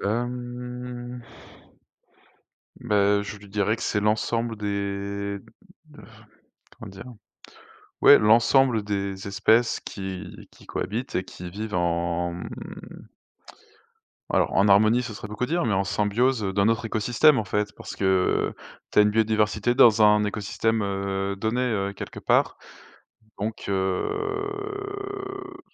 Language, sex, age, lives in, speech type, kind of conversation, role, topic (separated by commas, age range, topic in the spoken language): French, male, 30-34, France, conversation, unstructured, none, Qu’est-ce que la biodiversité et pourquoi est-elle précieuse ?